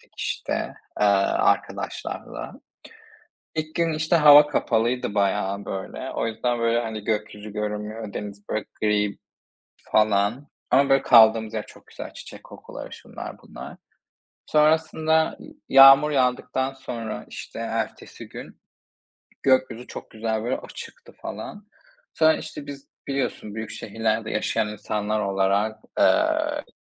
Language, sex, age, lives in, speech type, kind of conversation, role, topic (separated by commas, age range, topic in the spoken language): Turkish, male, 25-29, Spain, conversation, unstructured, none, Seyahat etmek sana ne hissettiriyor?